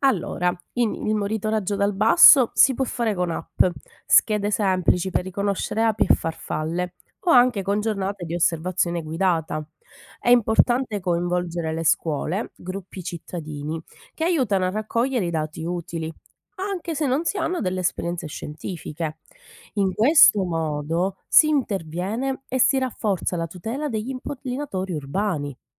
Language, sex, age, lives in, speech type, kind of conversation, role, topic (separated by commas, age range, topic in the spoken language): Italian, female, 30-34, Italy, read, expert-assistant, expert, Come sarebbe il mondo se tutti gli insetti impollinatori scomparissero improvvisamente?